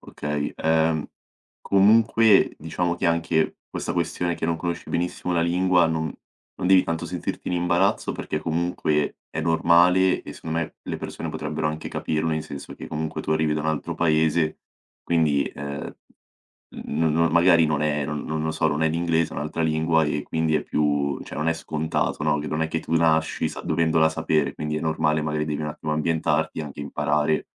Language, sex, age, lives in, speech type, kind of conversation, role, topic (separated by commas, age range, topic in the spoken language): Italian, male, 18-19, Italy, conversation, advice, advisor, Come gestisci l’ansia sociale prima di un evento con persone che conosci poco?